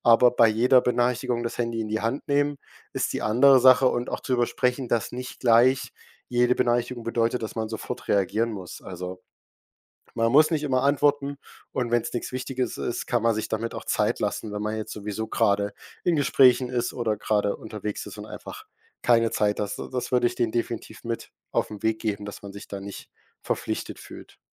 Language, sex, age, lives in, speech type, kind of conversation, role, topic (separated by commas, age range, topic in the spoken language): German, male, 18-19, Germany, conversation, podcast, guest, Wie gehst du mit ständigen Benachrichtigungen um?